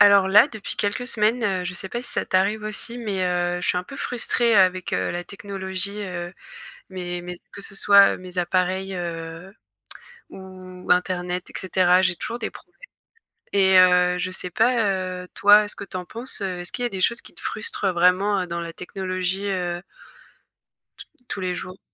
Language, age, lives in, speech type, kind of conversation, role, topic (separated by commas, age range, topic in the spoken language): French, 25-29, France, conversation, unstructured, none, Qu’est-ce qui te frustre le plus avec la technologie au quotidien ?